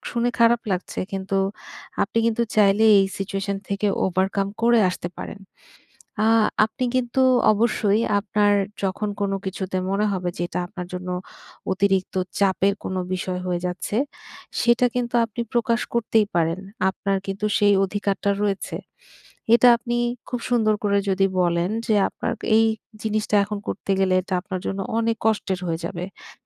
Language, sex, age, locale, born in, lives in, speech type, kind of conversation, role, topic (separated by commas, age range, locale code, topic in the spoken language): Bengali, female, 30-34, bn-BD, Bangladesh, Bangladesh, conversation, advice, advisor, চাপ ও উদ্বেগে বারবার আমি কেন নিজেকে রক্ষা করতে যাই?